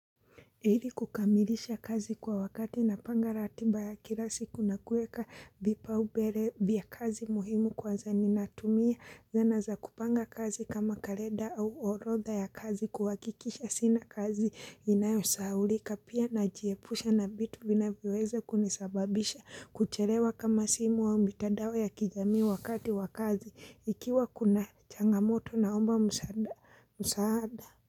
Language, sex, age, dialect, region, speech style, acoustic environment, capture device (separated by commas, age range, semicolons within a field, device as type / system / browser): Swahili, female, 25-34, Kenya - Mombasa, Kenya, happy; relaxed; focused, people talking; silence; appliances, mobile / Linux / Chrome